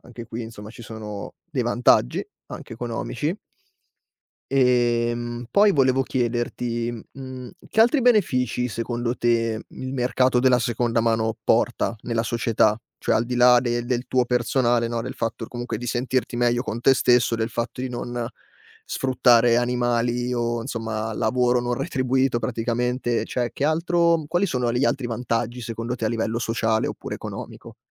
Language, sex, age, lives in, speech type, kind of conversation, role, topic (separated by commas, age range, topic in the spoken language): Italian, male, 25-29, Italy, conversation, podcast, host, Che cosa ti spinge a cambiare il modo in cui ti vesti?